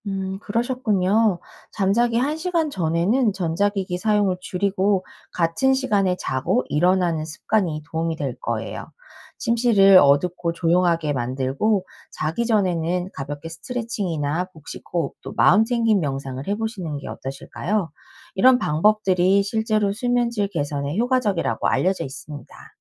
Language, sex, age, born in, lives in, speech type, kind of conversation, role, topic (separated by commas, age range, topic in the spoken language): Korean, female, 40-44, South Korea, United States, read, expert-assistant, expert, 스트레스 관리와 건강에 효과적인 방법이 무엇인가요?